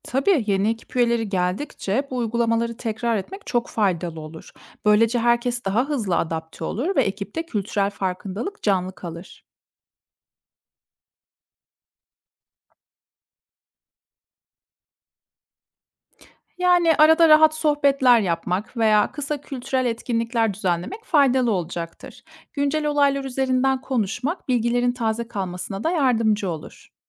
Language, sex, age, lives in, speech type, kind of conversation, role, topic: Turkish, female, 30-34, Germany, read, expert-assistant, expert, Kültürel farklılıkları anlamak için kitap mı, belgesel mi yoksa seyahat mi daha iyi öğretir?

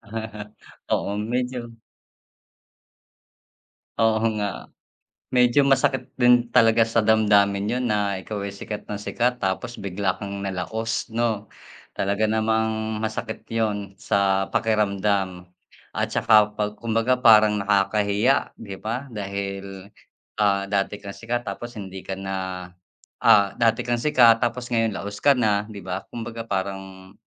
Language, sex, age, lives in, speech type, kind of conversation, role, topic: Filipino, male, 45-49, Philippines, conversation, unstructured, none, Mas pipiliin mo bang maging sikat na artista o matagumpay na negosyante?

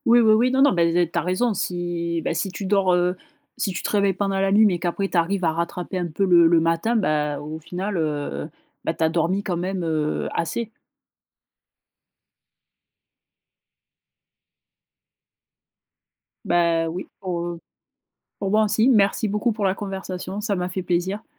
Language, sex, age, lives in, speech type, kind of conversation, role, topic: French, female, 25-29, France, conversation, podcast, host, Que fais-tu quand tu as du mal à t’endormir ?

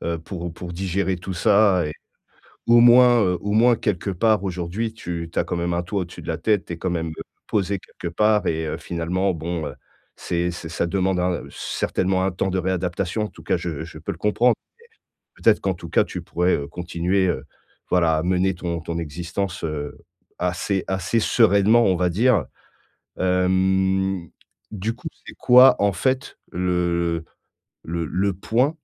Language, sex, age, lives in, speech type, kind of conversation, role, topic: French, male, 40-44, France, conversation, advice, advisor, Comment rebondir après un changement imprévu dans ma vie ?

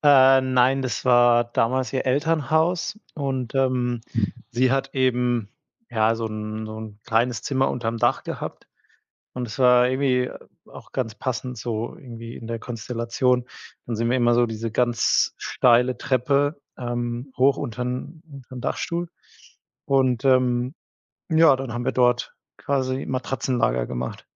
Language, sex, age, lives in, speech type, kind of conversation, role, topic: German, male, 30-34, Germany, conversation, podcast, guest, Wie sah ein typisch nostalgischer Fernsehabend mit Freunden bei dir aus?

